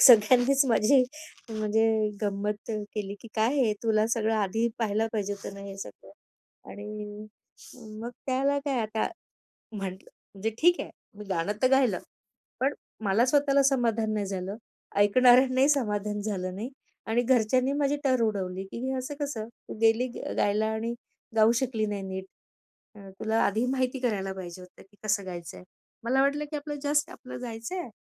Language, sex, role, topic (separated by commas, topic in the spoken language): Marathi, female, guest, अपयशाला शिकण्याची संधी तुम्ही कशी बनवता?